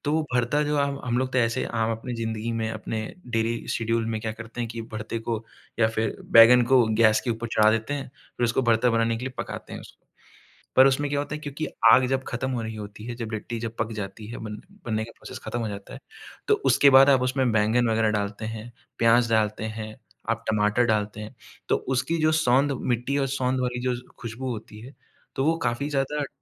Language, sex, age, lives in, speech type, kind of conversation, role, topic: Hindi, male, 25-29, India, conversation, podcast, guest, Kya tumhare paas kisi tyohar ke khaane ki koi aisi yaad hai jo aaj bhi dil ko chhoo jaati hai?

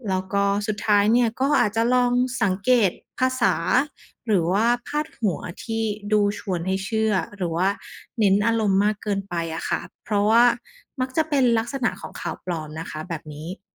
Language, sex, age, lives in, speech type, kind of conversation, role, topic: Thai, female, 30-34, Thailand, read, expert-assistant, expert, การอ่านข่าวสารประจำวันช่วยเสริมความรู้รอบตัวได้อย่างไร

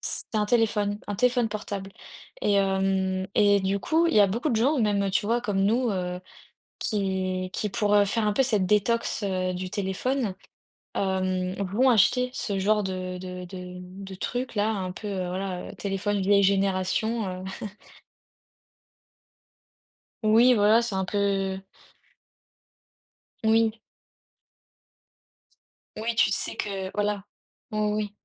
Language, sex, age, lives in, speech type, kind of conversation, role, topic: French, female, 20-24, France, conversation, unstructured, none, Faut-il interdire les téléphones portables à l’école ?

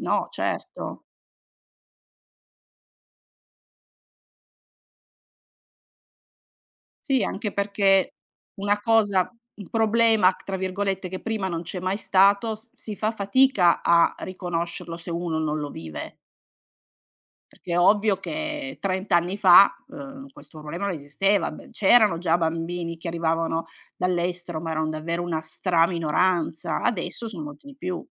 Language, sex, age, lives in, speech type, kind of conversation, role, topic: Italian, female, 45-49, Italy, conversation, podcast, guest, Quando hai capito che la rappresentazione conta davvero?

